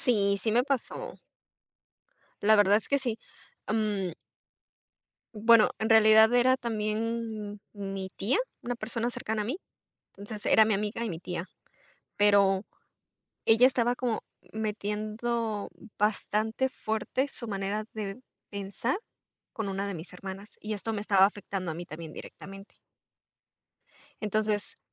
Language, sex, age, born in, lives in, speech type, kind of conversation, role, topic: Spanish, female, 25-29, Mexico, Mexico, conversation, unstructured, none, ¿Cómo expresas tu opinión sin herir a un amigo?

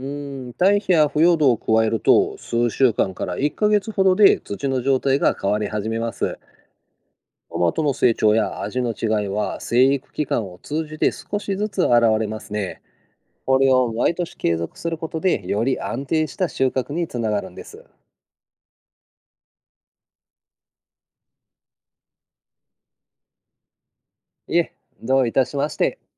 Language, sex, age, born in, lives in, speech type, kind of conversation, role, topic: Japanese, male, 50-54, Japan, Japan, read, expert-assistant, expert, 毎年同じ作物を育てていても、収穫量や味が変わるのはなぜですか？その理由を知るにはどんな知識が役立ちますか？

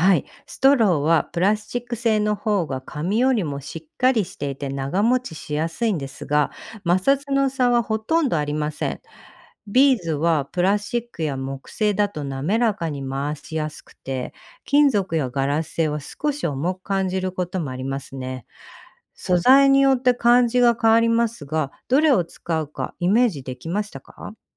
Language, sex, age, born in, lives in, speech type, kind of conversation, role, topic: Japanese, female, 45-49, Japan, United States, read, expert-assistant, expert, 紙コップで作る風車の作り方を説明してください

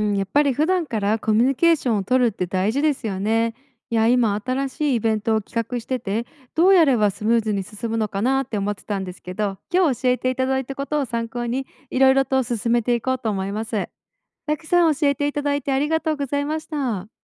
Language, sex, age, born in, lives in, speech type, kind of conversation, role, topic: Japanese, female, 25-29, Japan, United States, read, expert-assistant, asker, 役所で新しいアイデアを取り入れるとき、どんな進め方がうまくいくと思いますか？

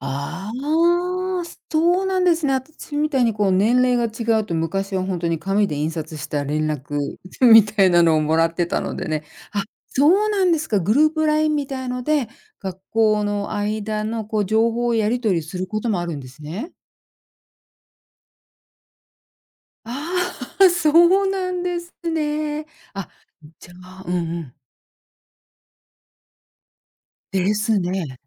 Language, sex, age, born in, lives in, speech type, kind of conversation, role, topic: Japanese, female, 50-54, Japan, United States, conversation, podcast, host, 子どもにスマートフォンをいつ持たせるのがよいと思いますか？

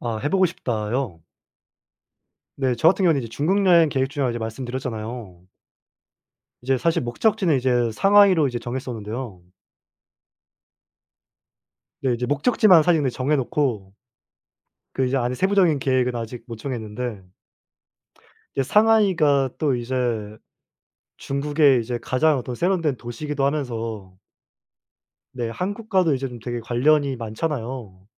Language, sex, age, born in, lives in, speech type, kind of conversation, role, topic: Korean, male, 20-24, South Korea, South Korea, conversation, unstructured, none, 친구들과 함께한 가장 재미있는 추억은 무엇인가요?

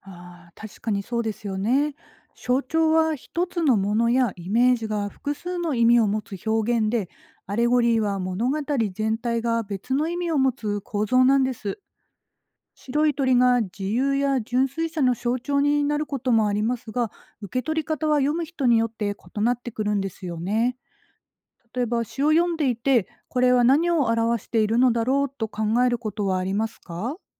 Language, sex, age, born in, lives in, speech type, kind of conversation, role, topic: Japanese, female, 40-44, Japan, Japan, read, expert-assistant, expert, 詩を読むとき、どんな情報を調べると作品をもっと楽しめるのでしょうか？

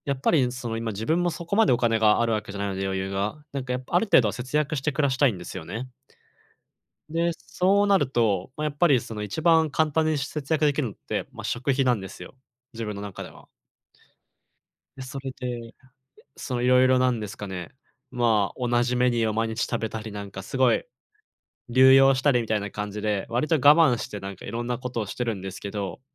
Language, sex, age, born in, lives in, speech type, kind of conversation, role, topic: Japanese, male, 20-24, Japan, Japan, conversation, advice, user, 節約しながら生活の質を落とさずに楽しむにはどうすればよいですか？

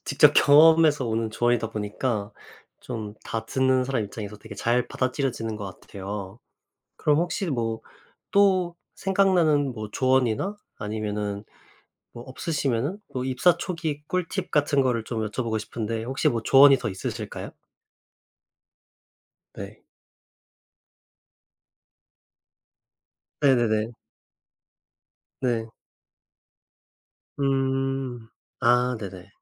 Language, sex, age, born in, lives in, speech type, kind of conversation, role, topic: Korean, male, 25-29, South Korea, South Korea, conversation, podcast, host, 신입에게 꼭 해주고 싶은 조언 한 가지는 무엇인가요?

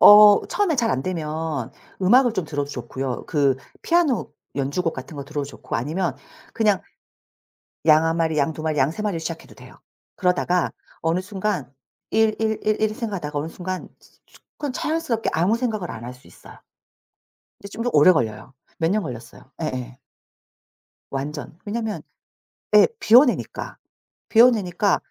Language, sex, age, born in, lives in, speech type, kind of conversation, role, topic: Korean, female, 40-44, South Korea, South Korea, conversation, podcast, guest, 요즘 수면은 어떻게 관리하고 계시며, 특별히 도움이 되는 습관이 있으신가요?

